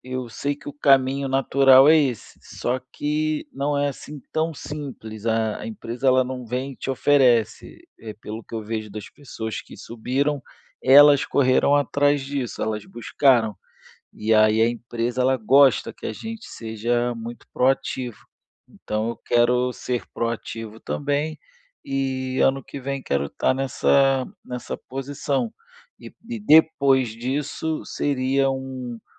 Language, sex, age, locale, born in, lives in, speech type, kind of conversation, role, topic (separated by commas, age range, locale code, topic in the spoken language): Portuguese, male, 35-39, pt-BR, Brazil, Spain, conversation, advice, user, Como posso aumentar minha visibilidade no trabalho sem me autopromover?